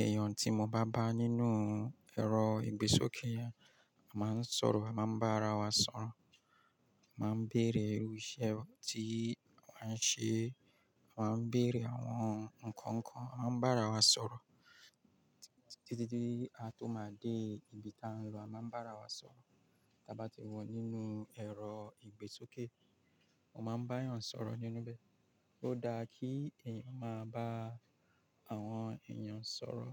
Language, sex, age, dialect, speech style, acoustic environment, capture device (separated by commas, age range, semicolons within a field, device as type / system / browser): Yoruba, male, 35-44, Nigeria - Lagos Yoruba, focused, nature, mobile / Linux / Chrome